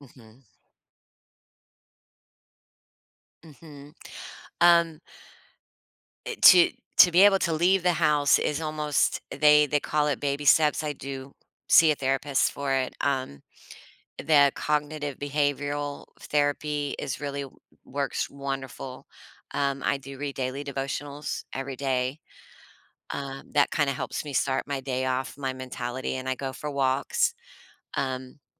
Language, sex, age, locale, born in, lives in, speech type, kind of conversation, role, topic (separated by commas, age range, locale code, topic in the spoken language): English, female, 45-49, en-US, United States, United States, conversation, podcast, guest, What are thoughtful ways to be there for a friend facing mental health challenges?